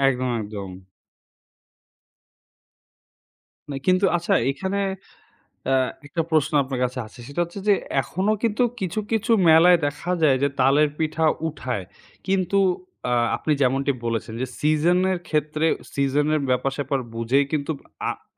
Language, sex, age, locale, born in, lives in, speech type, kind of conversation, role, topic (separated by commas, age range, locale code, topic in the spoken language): Bengali, male, 20-24, bn-BD, Bangladesh, Bangladesh, conversation, podcast, host, দাদী-নানীর রান্নার পদ্ধতি কি এখনও আমাদের ঘরে চালু আছে?